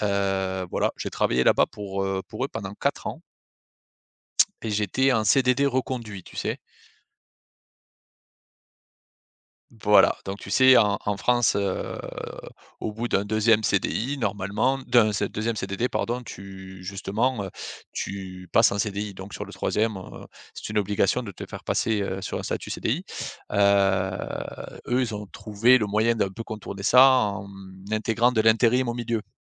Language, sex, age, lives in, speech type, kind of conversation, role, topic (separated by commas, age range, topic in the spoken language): French, male, 35-39, France, conversation, podcast, guest, Comment sais-tu qu’il est temps de changer de carrière ?